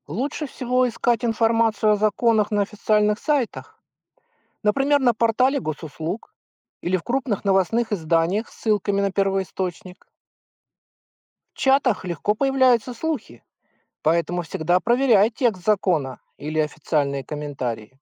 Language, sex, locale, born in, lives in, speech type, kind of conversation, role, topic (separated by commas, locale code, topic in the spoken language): Russian, male, ru-RU, Russia, United States, read, expert-assistant, expert, Как мамам проверять достоверность информации и новостей в чатах?